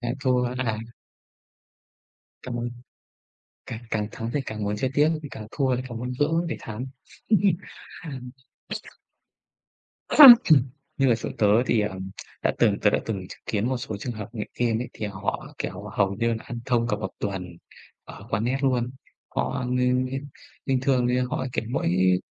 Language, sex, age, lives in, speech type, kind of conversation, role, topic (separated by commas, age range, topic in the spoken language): Vietnamese, male, 25-29, Vietnam, conversation, unstructured, none, Bạn có nghĩ rằng việc chơi game có thể gây nghiện không?